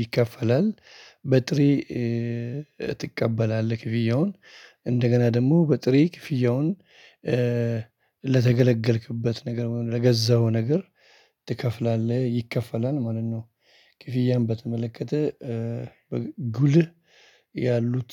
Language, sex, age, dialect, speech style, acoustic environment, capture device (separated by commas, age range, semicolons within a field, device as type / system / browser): Amharic, male, 35-44, Ethiopia - Addis Ababa, excited, people talking; music; appliances, mobile / Linux / Chrome